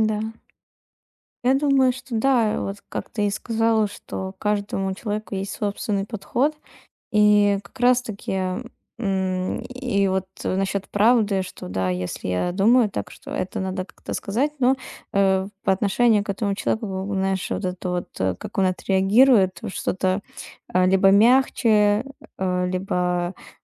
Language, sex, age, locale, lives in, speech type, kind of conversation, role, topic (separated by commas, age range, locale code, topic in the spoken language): Russian, female, 20-24, ru-RU, Estonia, conversation, advice, user, Как мне честно выражать свои мысли и чувства близким людям?